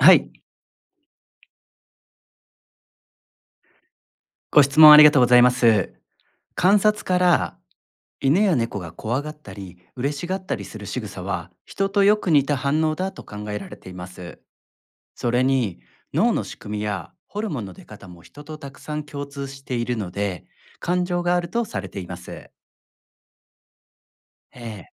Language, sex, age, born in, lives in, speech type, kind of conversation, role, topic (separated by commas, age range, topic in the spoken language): Japanese, male, 35-39, Japan, Japan, read, expert-assistant, expert, なぜ動物にも感情があると言われているのでしょうか？